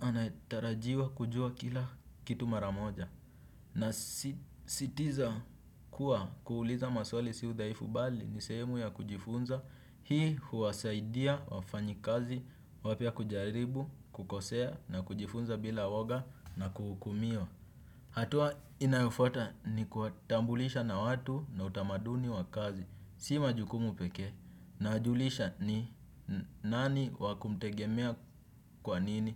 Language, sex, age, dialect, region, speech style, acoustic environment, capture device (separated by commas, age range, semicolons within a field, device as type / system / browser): Swahili, male, 18-24, Kenya - Nairobi Sheng-influenced, Kenya, happy, silence, desktop / Windows / Chrome